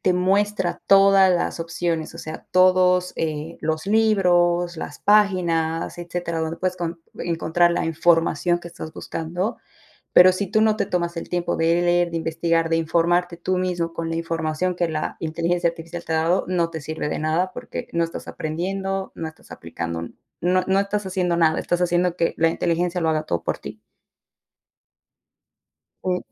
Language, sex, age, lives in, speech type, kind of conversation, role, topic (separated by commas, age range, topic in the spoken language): Spanish, female, 25-29, United States, conversation, unstructured, none, ¿Cómo crees que la tecnología cambia la forma en que aprendemos?